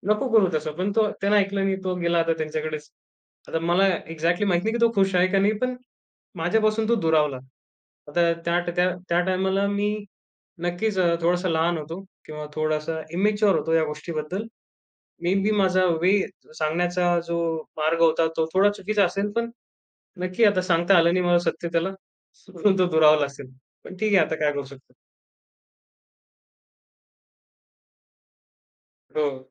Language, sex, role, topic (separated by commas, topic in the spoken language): Marathi, male, guest, कठीण सत्य सांगितल्यानंतर विश्वास कसा पुन्हा निर्माण करता?